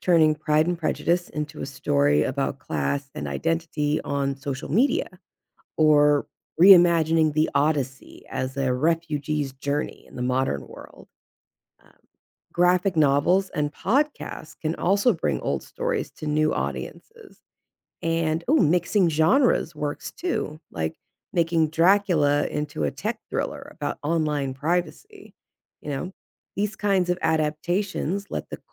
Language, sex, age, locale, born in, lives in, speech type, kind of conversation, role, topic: English, female, 35-39, en-US, United States, United States, read, expert-assistant, expert, How can classic literature be reimagined for modern audiences?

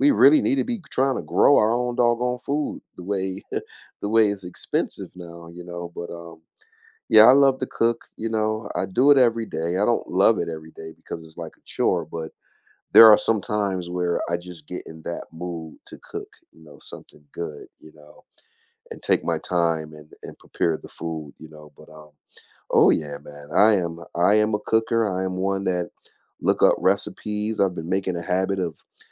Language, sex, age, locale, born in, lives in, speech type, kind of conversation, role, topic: English, male, 45-49, en-US, United States, United States, conversation, unstructured, none, What small daily habit has been brightening your day lately?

